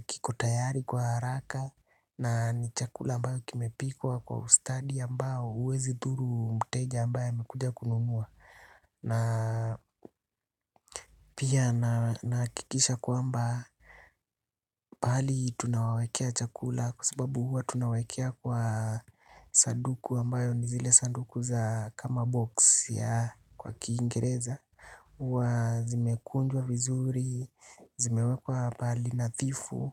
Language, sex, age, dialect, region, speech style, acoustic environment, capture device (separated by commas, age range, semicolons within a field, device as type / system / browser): Swahili, male, 25-34, Kenya - Nairobi Sheng-influenced, Kenya, relaxed; happy; excited; focused, silence, desktop / Linux / Chrome